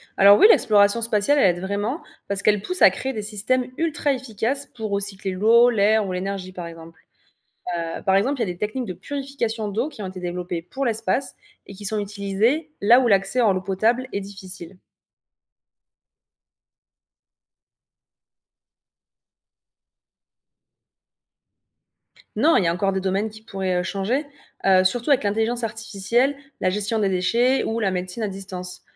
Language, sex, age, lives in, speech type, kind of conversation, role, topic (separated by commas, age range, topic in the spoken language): French, female, 25-29, France, read, expert-assistant, expert, Pourquoi l’exploration de l’espace nous fascine-t-elle autant, et qu’est-ce que cela dit sur notre curiosité humaine ?